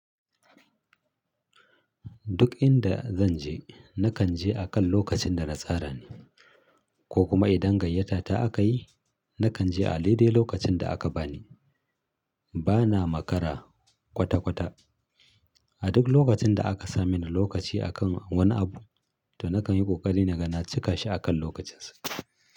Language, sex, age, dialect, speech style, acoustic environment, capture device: Hausa, male, 35-44, Nigeria - Kano, happy, silence, mobile / Linux / Chrome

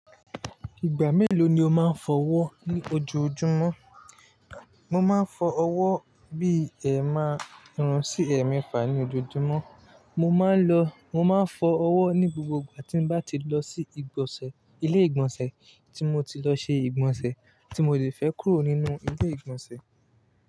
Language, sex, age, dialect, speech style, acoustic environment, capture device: Yoruba, male, 25-34, Nigeria - Lagos Yoruba, relaxed, traffic; people talking; music, mobile / Linux / Chrome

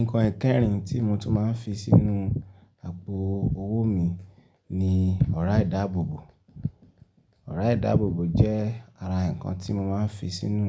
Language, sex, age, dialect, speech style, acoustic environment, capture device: Yoruba, male, 25-34, Nigeria - Ibadan, relaxed; happy; focused; excited, silence; nature, desktop / Linux / Chrome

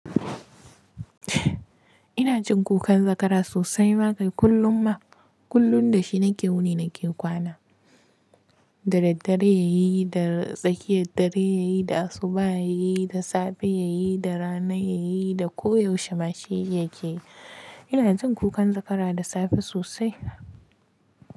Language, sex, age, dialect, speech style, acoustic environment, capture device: Hausa, female, 25-34, Nigeria - Kano, relaxed, silence, mobile / Linux / Chrome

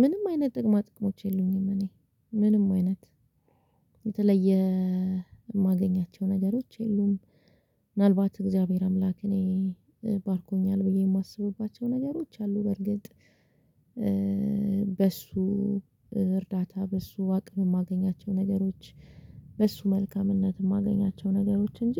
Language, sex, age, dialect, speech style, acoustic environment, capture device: Amharic, female, 25-34, Ethiopia - Addis Ababa, relaxed; focused, silence, mobile / Linux / Chrome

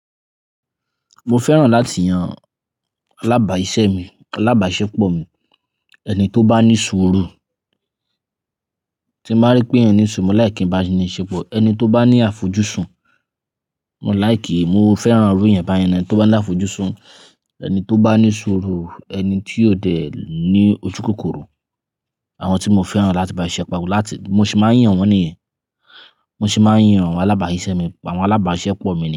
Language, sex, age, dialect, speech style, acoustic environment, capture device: Yoruba, male, 25-34, Nigeria - Ibadan, happy, nature, mobile / Linux / Chrome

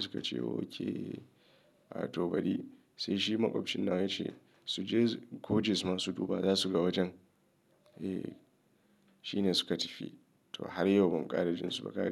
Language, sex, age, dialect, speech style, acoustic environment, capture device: Hausa, male, 35-44, Nigeria - Kano, anxious; nervous; excited; stressed, nature; silence, mobile / Linux / Chrome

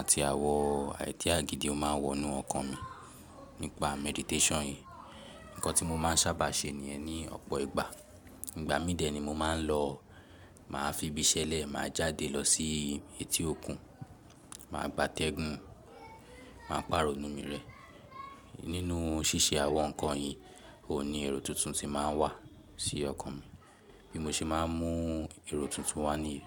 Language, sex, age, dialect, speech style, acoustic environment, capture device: Yoruba, male, 18-24, Nigeria - Lagos Yoruba, relaxed, silence; people talking, mobile / Linux / Chrome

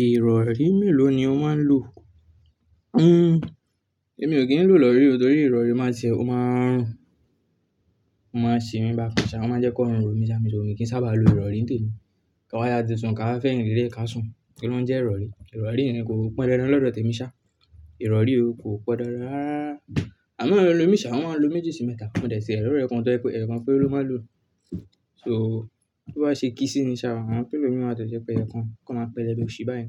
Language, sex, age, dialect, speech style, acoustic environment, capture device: Yoruba, male, 18-24, Nigeria - Ibadan, relaxed, nature, desktop / Linux / Chrome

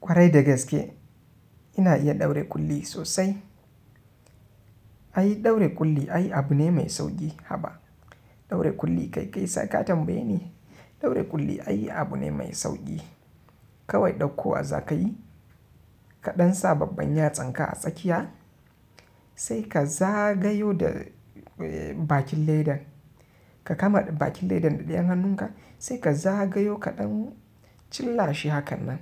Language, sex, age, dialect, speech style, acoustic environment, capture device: Hausa, male, 25-34, Nigeria - Kano, happy, silence, mobile / Linux / Chrome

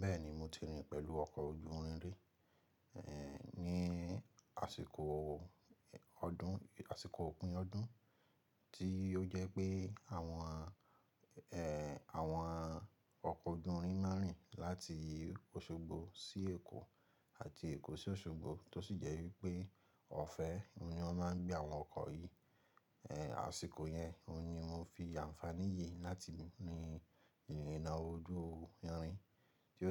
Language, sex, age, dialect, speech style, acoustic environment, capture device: Yoruba, male, 25-34, Nigeria - Ibadan, relaxed, silence, mobile / Linux / Chrome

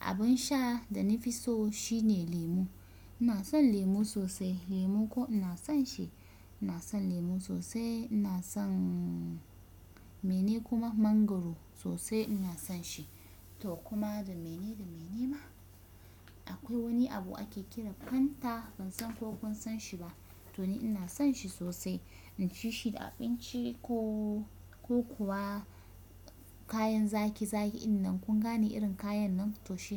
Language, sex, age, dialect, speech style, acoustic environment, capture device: Hausa, female, 25-34, Nigeria - Kano, relaxed, nature, desktop / Windows / Chrome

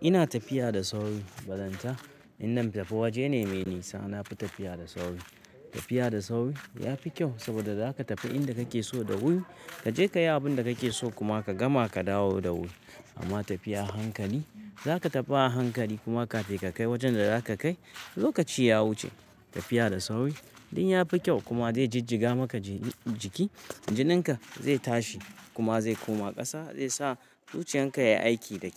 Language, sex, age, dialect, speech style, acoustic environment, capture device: Hausa, male, 35-44, Nigeria - Kano, relaxed, nature, mobile / Linux / Chrome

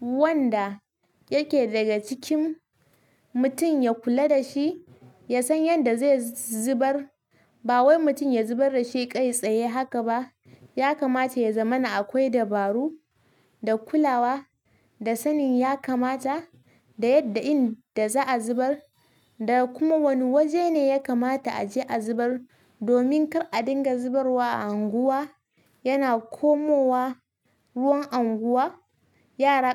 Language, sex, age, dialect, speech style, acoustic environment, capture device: Hausa, female, 18-24, Nigeria - Kano, excited, silence, mobile / Linux / Chrome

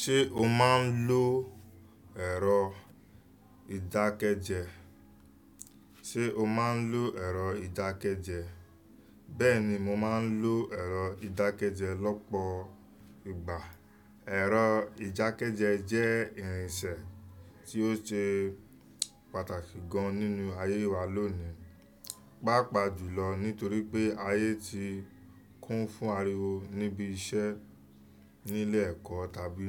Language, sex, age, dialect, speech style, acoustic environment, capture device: Yoruba, male, 25-34, Nigeria - Lagos Yoruba, focused, nature, desktop / Windows / Chrome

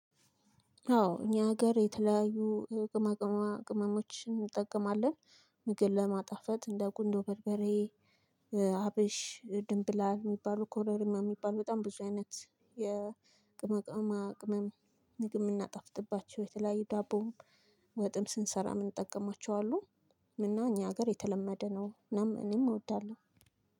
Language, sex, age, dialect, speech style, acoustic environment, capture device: Amharic, female, 25-34, Ethiopia - Addis Ababa, excited; happy, silence, mobile / Linux / Chrome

